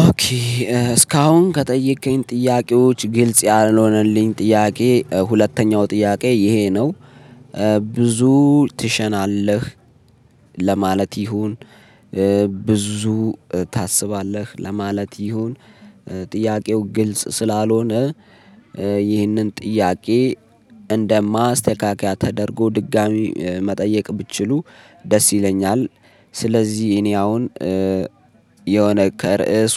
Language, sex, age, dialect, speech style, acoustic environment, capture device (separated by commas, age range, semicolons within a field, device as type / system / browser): Amharic, male, 25-34, Ethiopia - Addis Ababa, focused; excited, silence, mobile / Linux / Chrome